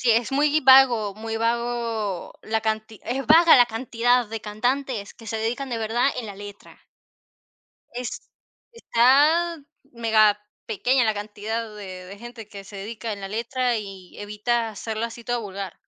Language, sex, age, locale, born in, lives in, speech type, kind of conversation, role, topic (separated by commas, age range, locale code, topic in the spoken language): Spanish, female, 50-54, es-CL, Venezuela, Portugal, conversation, unstructured, none, ¿Qué papel juega la música en tu forma de expresarte?